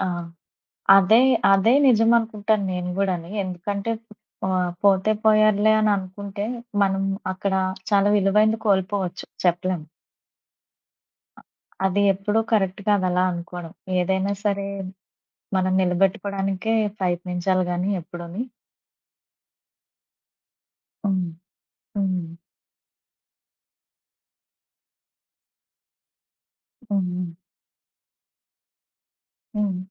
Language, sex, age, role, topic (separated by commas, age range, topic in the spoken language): Telugu, female, 30-34, host, మిత్రులు దూరమైతే స్నేహబంధాన్ని ఎలా నిలబెట్టుకుంటారు?